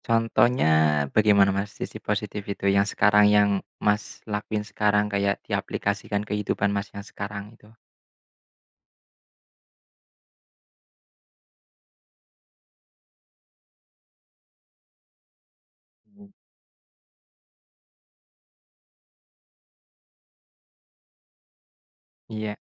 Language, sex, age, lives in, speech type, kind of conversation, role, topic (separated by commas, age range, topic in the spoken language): Indonesian, male, 25-29, Indonesia, conversation, unstructured, none, Kenangan apa yang membuatmu merasa marah ketika mengingatnya?